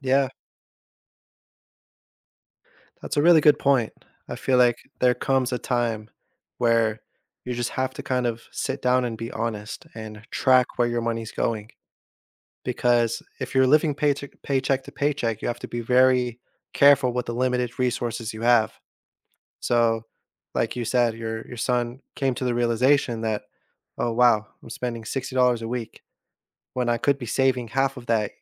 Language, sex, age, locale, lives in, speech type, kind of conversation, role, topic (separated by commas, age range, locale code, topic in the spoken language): English, male, 30-34, en-US, United States, conversation, podcast, host, What are some realistic ways to improve financial stability when money is tight?